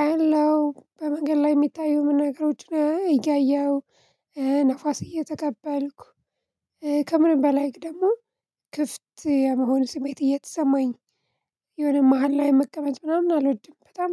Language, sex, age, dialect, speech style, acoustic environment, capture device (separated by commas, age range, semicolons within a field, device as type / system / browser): Amharic, female, 25-34, Ethiopia - Addis Ababa, relaxed, people talking, mobile / Linux / Chrome